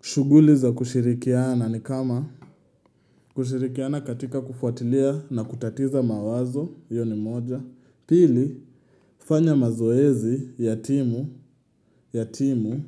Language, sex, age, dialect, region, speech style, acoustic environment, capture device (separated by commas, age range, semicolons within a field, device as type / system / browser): Swahili, male, 18-24, Kenya - Nairobi Sheng-influenced, Kenya, happy, silence, mobile / Linux / Chrome